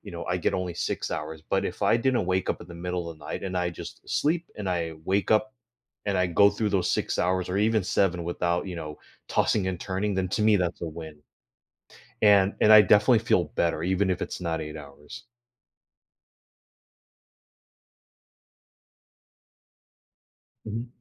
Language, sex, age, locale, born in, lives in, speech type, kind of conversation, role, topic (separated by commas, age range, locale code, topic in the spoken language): English, male, 35-39, en-US, United States, United States, conversation, podcast, guest, How does getting enough quality sleep affect your overall well-being and daily life?